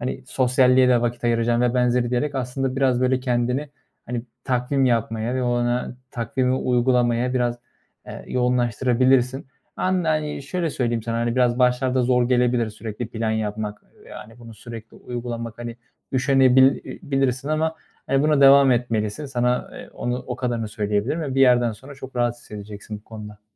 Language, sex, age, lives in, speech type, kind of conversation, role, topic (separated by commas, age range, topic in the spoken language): Turkish, male, 25-29, Germany, conversation, advice, advisor, İş ve ev arasında sürekli meşgul hissederken nasıl daha rahat ve dinlenmiş hissedebilirim?